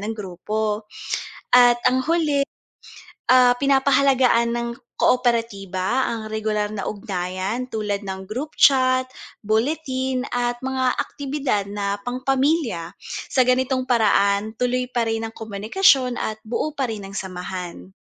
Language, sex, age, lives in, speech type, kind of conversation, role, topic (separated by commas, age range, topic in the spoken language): Filipino, female, 30-34, Philippines, read, expert-assistant, expert, Paano napapabuti ng mga kooperatiba ang kabuhayan ng mga miyembro nito?